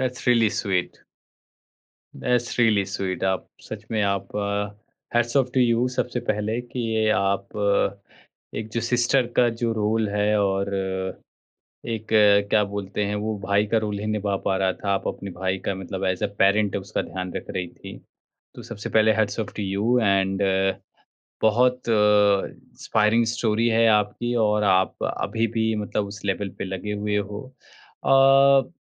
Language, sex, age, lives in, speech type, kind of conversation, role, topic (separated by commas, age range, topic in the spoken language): Hindi, male, 30-34, India, conversation, podcast, host, Aap stress aane se pehle uske pehle nishaan kaise pehchaan lete ho?